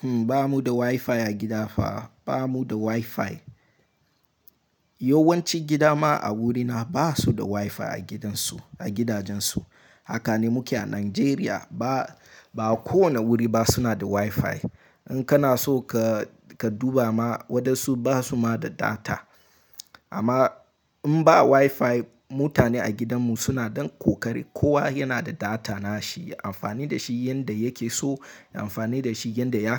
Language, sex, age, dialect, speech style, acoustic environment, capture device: Hausa, male, 18-24, Nigeria - Nigerian Standard, happy; excited; focused, silence, mobile / Linux / Chrome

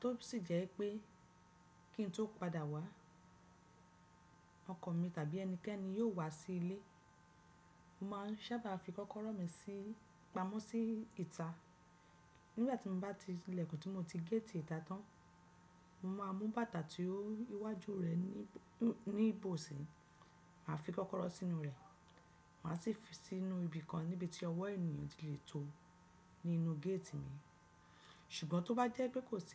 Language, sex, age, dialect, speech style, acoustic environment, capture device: Yoruba, female, 35-44, Nigeria - Lagos Yoruba, relaxed, nature, desktop / Windows / Chrome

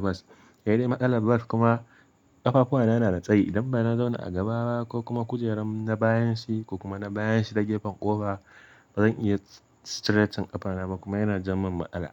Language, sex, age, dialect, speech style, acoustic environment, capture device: Hausa, male, 18-24, Nigeria - Kano, happy, silence, mobile / Linux / Chrome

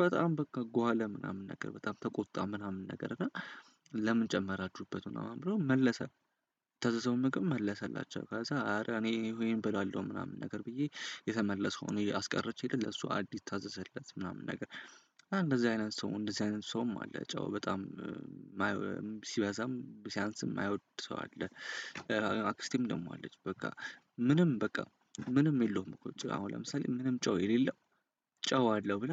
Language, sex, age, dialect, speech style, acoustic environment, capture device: Amharic, male, 18-24, Ethiopia - Addis Ababa, happy; excited, silence; nature, desktop / Windows / Chrome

